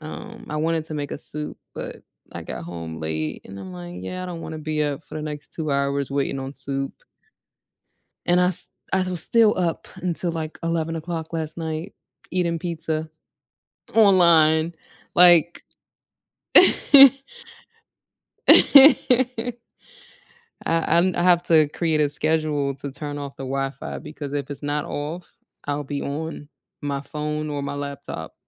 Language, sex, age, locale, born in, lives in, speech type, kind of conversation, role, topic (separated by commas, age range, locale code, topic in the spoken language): English, female, 30-34, en-US, United States, United States, conversation, unstructured, none, How do you like to unwind after a long day?